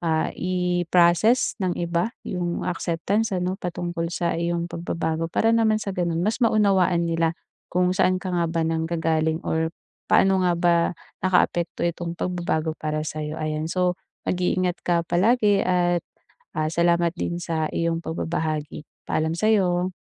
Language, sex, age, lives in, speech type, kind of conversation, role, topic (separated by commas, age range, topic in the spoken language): Filipino, female, 25-29, Philippines, conversation, advice, advisor, Paano ako mananatiling totoo sa sarili habang nakikibagay sa grupo?